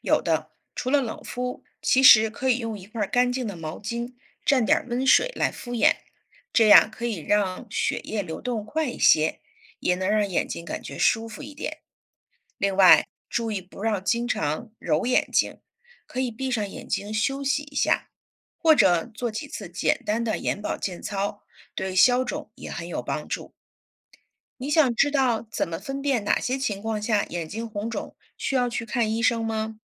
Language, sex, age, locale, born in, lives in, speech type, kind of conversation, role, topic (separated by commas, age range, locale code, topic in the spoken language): Chinese, female, 40-44, zh-CN, China, United States, read, expert-assistant, expert, 为什么眼泪是咸的？